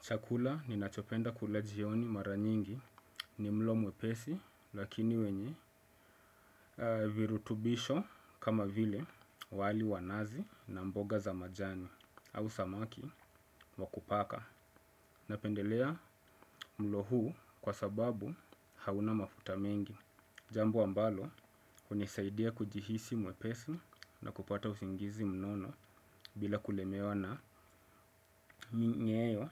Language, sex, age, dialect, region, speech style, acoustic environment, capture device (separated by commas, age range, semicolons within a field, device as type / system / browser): Swahili, male, 25-34, Kenya - Mombasa, Kenya, excited; focused; relaxed; happy, silence, desktop / Windows / Chrome